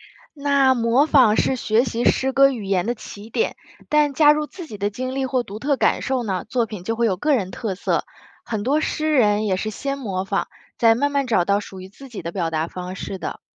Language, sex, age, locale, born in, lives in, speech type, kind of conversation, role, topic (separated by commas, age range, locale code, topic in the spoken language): Chinese, female, 30-34, zh-CN, China, United States, read, expert-assistant, expert, 如何激发和提升写现代诗的灵感？